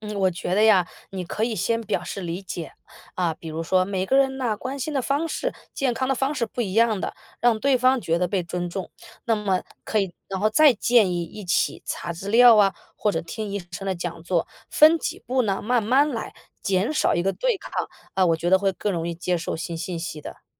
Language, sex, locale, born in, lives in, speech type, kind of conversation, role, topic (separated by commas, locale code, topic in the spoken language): Chinese, female, zh-CN, China, United States, read, expert-assistant, expert, 平时获取护理健康建议时，你会怎么判断信息是不是靠谱的？